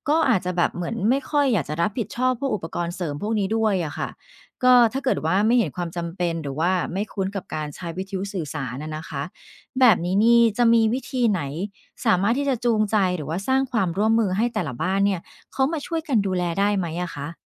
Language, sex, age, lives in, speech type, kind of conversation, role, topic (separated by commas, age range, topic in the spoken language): Thai, female, 45-49, Thailand, read, expert-assistant, asker, หมู่บ้านควรทำอย่างไรเมื่อระบบเตือนภัยน้ำท่วมไม่ทำงาน?